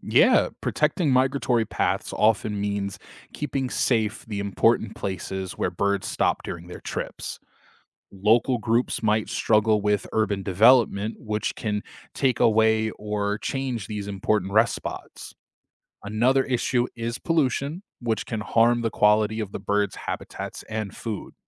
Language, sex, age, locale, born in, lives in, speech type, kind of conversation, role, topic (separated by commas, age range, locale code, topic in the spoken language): English, male, 25-29, en-US, United States, United States, read, expert-assistant, expert, How do birds navigate during migration?